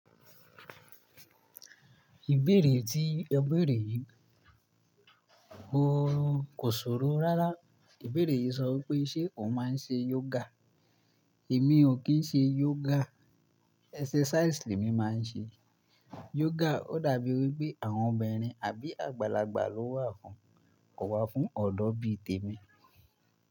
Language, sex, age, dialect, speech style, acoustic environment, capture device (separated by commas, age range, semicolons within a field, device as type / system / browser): Yoruba, male, 18-24, Nigeria - Ibadan, relaxed; focused, nature; appliances, mobile / Linux / Chrome